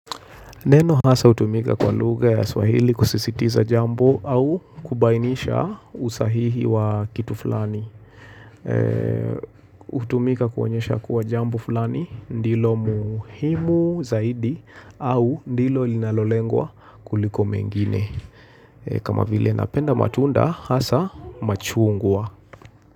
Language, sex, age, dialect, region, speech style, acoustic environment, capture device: Swahili, male, 25-34, Kenya - Nairobi Sheng-influenced, Kenya, relaxed; tired; focused; excited; nervous, silence; appliances; people talking, mobile / Linux / Chrome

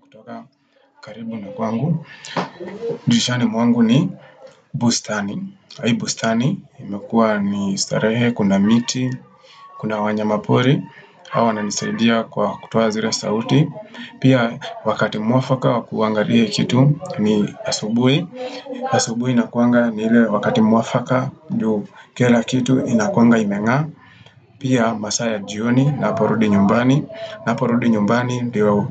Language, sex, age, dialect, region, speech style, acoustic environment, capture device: Swahili, male, 25-34, Kenya - Nairobi Sheng-influenced, Kenya, relaxed, silence, desktop / Windows / Firefox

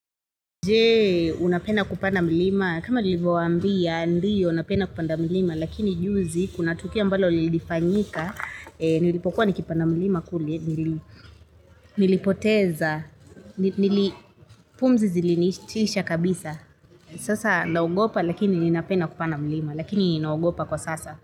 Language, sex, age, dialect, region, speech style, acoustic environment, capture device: Swahili, female, 25-34, Kenya - Nairobi Sheng-influenced, Kenya, relaxed, traffic, mobile / Linux / Chrome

